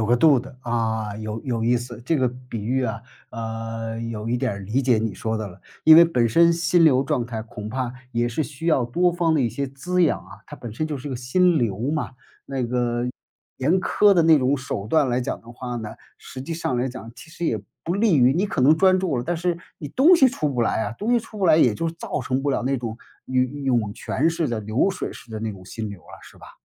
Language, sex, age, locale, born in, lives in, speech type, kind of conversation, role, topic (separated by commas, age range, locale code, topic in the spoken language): Chinese, male, 55-59, zh-CN, China, United States, conversation, podcast, host, 手机和社交媒体会如何影响你的心流状态？